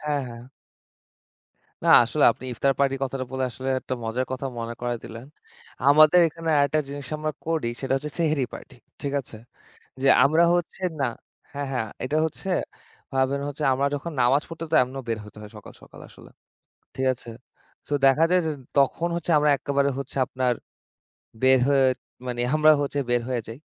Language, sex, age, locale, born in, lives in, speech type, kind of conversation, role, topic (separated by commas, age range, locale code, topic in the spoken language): Bengali, male, 25-29, bn-BD, Bangladesh, Bangladesh, conversation, unstructured, none, আপনার মতে ধর্মীয় উৎসবগুলো কেন এত আনন্দদায়ক?